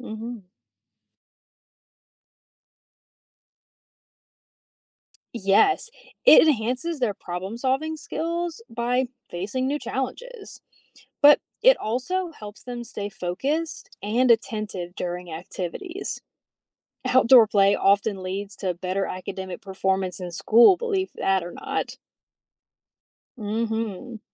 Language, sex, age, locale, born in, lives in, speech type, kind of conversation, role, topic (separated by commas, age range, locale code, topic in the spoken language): English, female, 35-39, en-US, United States, United States, read, expert-assistant, expert, What are the benefits of outdoor play for children?